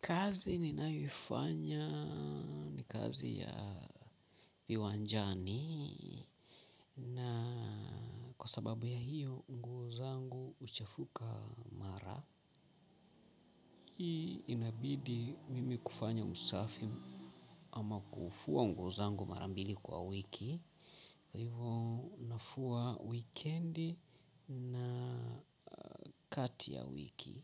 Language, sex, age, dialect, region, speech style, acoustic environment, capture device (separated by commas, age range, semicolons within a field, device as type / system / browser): Swahili, male, 45-54, Kenya - Mombasa, Kenya, relaxed, people talking; appliances, mobile / Linux / Chrome